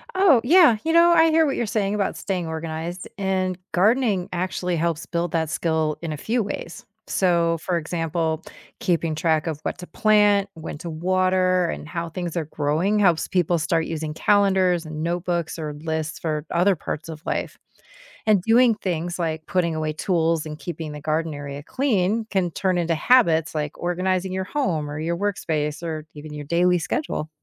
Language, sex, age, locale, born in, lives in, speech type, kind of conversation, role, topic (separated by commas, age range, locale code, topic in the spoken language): English, female, 50-54, en-US, United States, United States, read, expert-assistant, expert, What can gardening teach you about responsibility?